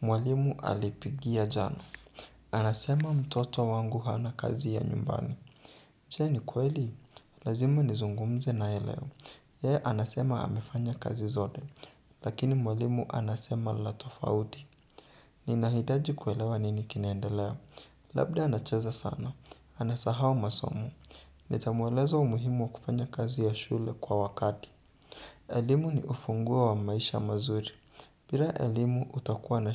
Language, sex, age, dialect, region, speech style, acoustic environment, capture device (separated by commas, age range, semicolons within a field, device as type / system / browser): Swahili, male, 18-24, Kenya - Nairobi Sheng-influenced, Kenya, relaxed; focused, silence, desktop / Windows / Chrome